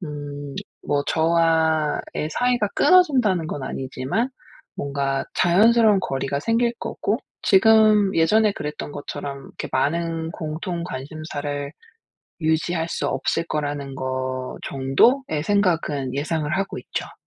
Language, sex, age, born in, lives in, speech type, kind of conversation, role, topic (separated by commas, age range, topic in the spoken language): Korean, female, 40-44, South Korea, United States, conversation, advice, user, 친구와 거리가 생겼을 때 어떻게 적응할 수 있을까요?